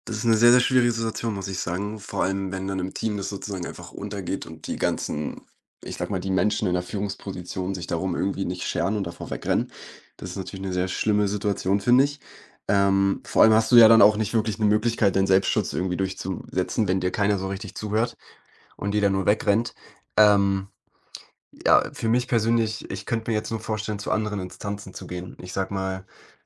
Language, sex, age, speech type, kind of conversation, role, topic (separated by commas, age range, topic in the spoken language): German, male, 20-24, conversation, advice, advisor, Wie kann ich damit umgehen, dass mein Chef und meine Kollegen außerhalb der Arbeitszeit ständige Erreichbarkeit erwarten?